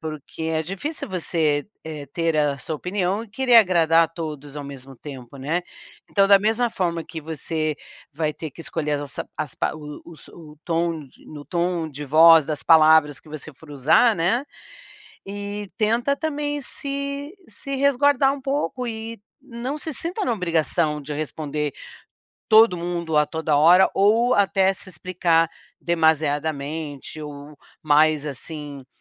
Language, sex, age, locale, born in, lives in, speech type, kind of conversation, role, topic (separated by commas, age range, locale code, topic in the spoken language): Portuguese, female, 60-64, pt-BR, Brazil, United States, conversation, advice, advisor, Como posso expressar minha opinião honesta sem perder amizades online?